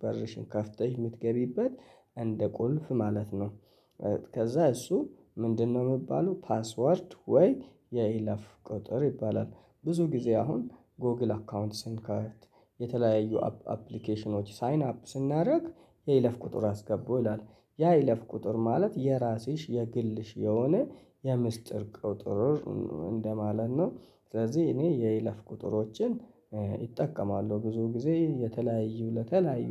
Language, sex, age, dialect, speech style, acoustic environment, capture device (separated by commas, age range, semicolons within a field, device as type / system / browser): Amharic, male, 25-34, Ethiopia - Addis Ababa, happy; relaxed; excited, people talking; nature, mobile / Linux / Chrome